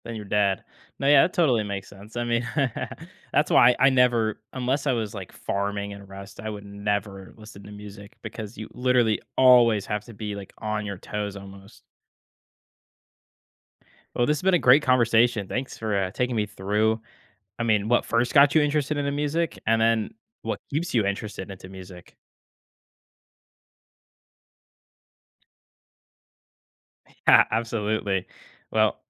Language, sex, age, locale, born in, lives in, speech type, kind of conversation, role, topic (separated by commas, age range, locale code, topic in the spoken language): English, male, 18-19, en-US, United States, United States, conversation, podcast, host, What first got you into music?